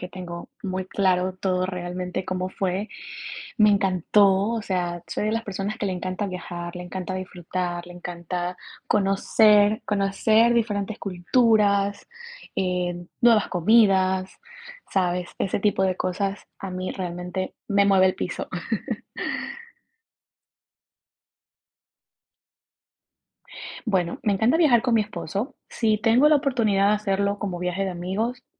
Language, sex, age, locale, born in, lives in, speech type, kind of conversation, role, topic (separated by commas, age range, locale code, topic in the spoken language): Spanish, female, 25-29, es-EC, Ecuador, United States, conversation, podcast, guest, ¿Cuál es tu recuerdo de viaje favorito?